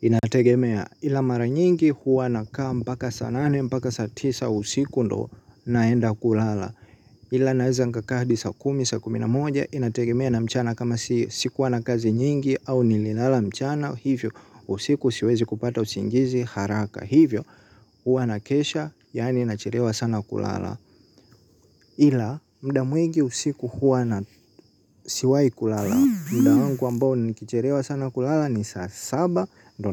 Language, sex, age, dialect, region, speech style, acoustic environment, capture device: Swahili, male, 18-24, Tanzania - Dar es Salaam, Tanzania, happy, silence, mobile / Linux / Chrome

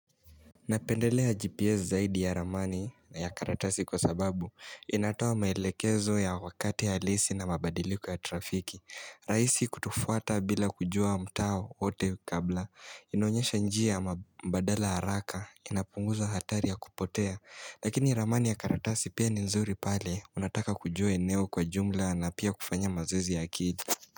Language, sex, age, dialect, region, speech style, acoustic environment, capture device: Swahili, male, 18-24, Kenya - Mombasa, Kenya, happy, silence, mobile / Linux / Chrome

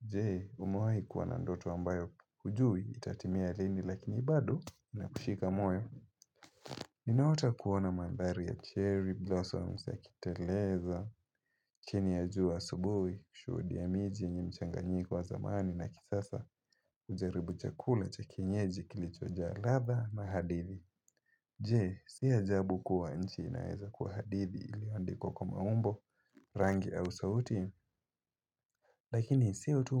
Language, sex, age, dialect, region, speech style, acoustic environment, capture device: Swahili, male, 18-24, Kenya - Mombasa, Kenya, happy, silence; nature, mobile / Linux / Chrome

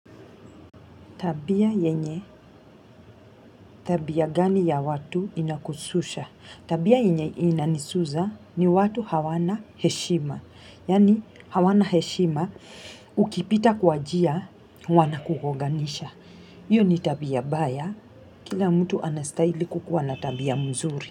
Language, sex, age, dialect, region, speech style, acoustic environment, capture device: Swahili, female, 35-44, Kenya - Mombasa, Kenya, tired, traffic, mobile / Linux / Chrome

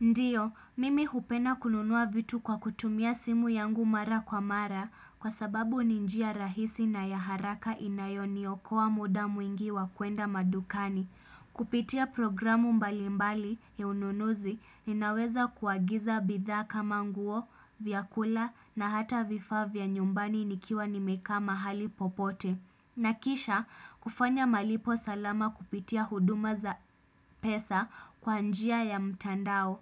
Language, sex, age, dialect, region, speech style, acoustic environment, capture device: Swahili, female, 25-34, Kenya - Mombasa, Kenya, happy; relaxed; excited; focused, silence, desktop / Windows / Chrome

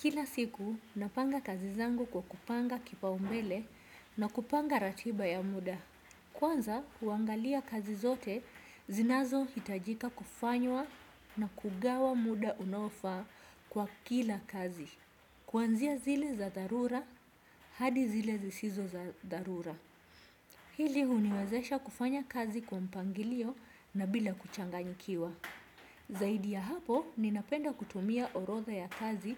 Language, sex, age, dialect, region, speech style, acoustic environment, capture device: Swahili, female, 25-34, Kenya - Mombasa, Kenya, relaxed, nature, desktop / Windows / Chrome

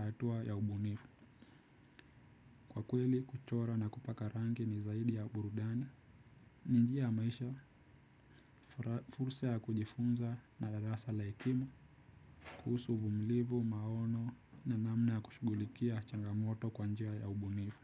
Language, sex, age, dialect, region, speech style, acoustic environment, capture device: Swahili, male, 18-24, Kenya - Nairobi Sheng-influenced, Kenya, relaxed, nature; silence; music; appliances, desktop / Windows / Chrome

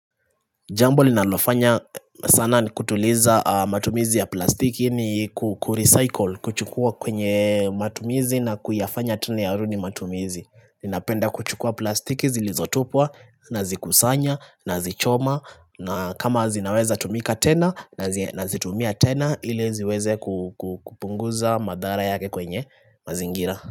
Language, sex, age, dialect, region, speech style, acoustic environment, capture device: Swahili, male, 25-34, Kenya - Nairobi Sheng-influenced, Kenya, relaxed, silence, desktop / Linux / Chrome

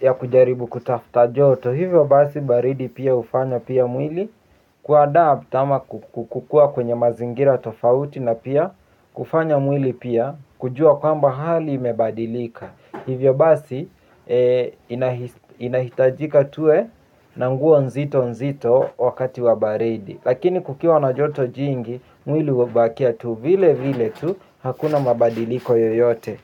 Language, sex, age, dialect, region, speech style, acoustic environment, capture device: Swahili, male, 18-24, Kenya - Mombasa, Kenya, focused, silence, mobile / Linux / Chrome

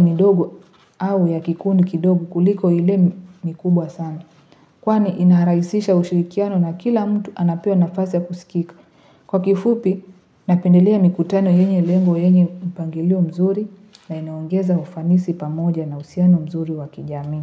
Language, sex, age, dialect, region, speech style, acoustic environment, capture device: Swahili, female, 18-24, Kenya - Nairobi Sheng-influenced, Kenya, happy, people talking; music; traffic, mobile / Linux / Chrome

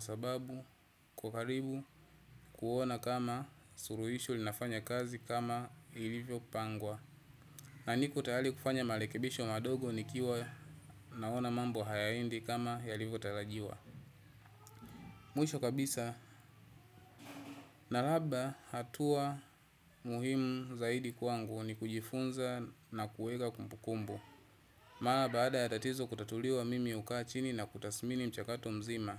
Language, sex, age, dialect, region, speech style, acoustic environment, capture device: Swahili, male, 25-34, Tanzania - Dar es Salaam, Tanzania, relaxed, people talking; traffic; nature, desktop / Windows / Chrome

